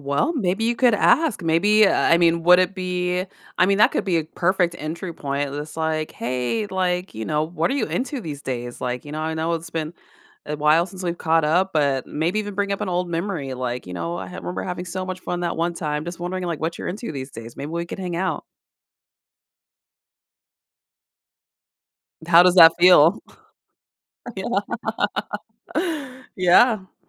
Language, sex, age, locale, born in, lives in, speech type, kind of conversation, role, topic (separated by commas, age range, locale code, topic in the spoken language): English, female, 35-39, en-US, United States, United States, conversation, advice, advisor, How do I rebuild trust with a reconnected friend?